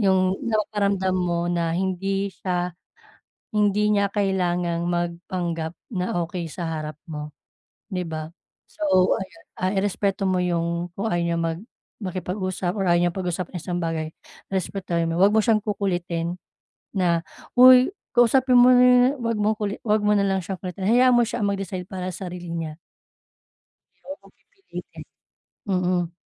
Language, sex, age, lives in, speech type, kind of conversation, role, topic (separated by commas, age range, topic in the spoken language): Filipino, female, 35-39, Philippines, conversation, advice, advisor, Paano ako makikipag-usap nang epektibo sa kasintahan ko upang masuportahan siya habang dumaraan siya sa krisis?